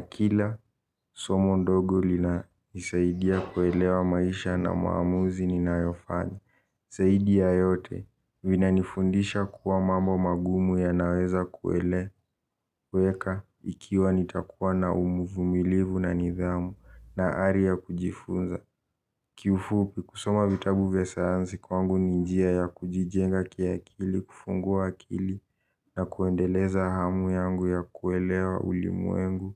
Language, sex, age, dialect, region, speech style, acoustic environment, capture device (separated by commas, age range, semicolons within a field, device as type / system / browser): Swahili, male, 18-24, Kenya - Nairobi Sheng-influenced, Kenya, relaxed, silence, mobile / Linux / Chrome